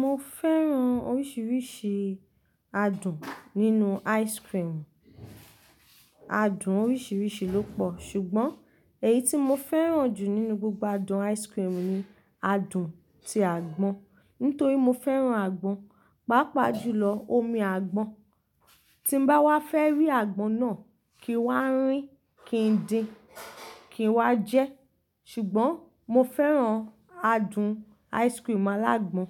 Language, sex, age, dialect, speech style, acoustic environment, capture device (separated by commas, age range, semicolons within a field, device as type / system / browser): Yoruba, female, 25-34, Nigeria - Ibadan, relaxed; focused, nature; appliances, mobile / Linux / Chrome